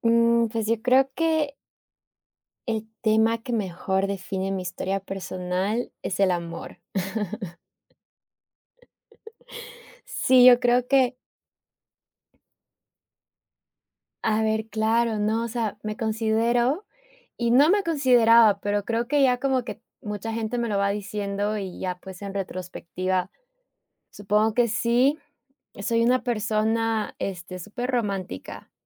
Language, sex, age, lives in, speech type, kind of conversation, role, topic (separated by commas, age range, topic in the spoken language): Spanish, female, 30-34, United States, conversation, podcast, guest, ¿Qué tema cuenta mejor tu historia personal?